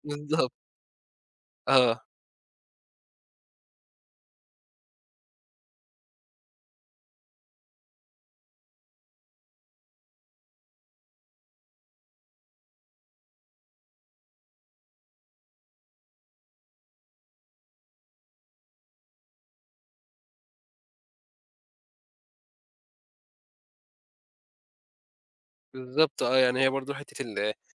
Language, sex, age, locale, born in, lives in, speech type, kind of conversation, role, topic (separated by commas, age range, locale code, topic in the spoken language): Arabic, male, 20-24, ar-EG, Egypt, Egypt, conversation, podcast, guest, إنت فاكر/فاكرة موقف طريف حصلك بسبب الأكل؟